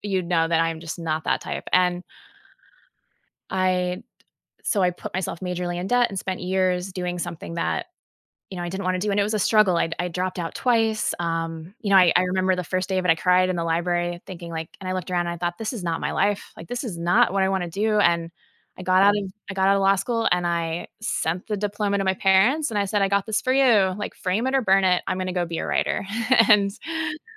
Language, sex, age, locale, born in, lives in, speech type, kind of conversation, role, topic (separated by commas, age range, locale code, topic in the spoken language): English, female, 40-44, en-US, United States, United States, conversation, podcast, guest, How have your experiences shaped the wisdom you would share with others?